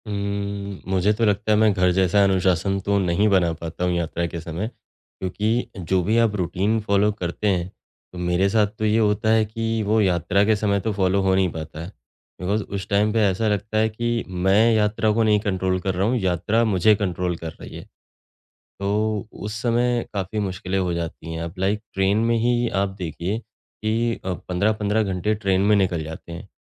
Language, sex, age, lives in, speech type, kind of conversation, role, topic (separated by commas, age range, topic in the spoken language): Hindi, male, 35-39, India, conversation, advice, user, यात्रा या बदलती दिनचर्या के बीच नियमित व्यायाम बनाए रखना आपको क्यों कठिन लग रहा है?